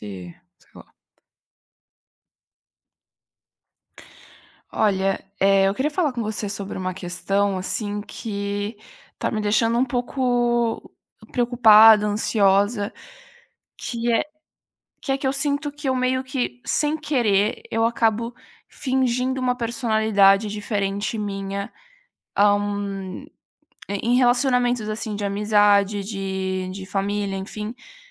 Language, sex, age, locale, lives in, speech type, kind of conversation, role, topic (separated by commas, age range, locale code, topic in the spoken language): Portuguese, female, 20-24, pt-BR, Italy, conversation, advice, user, Por que sinto que preciso fingir minha personalidade nos relacionamentos para evitar conflitos?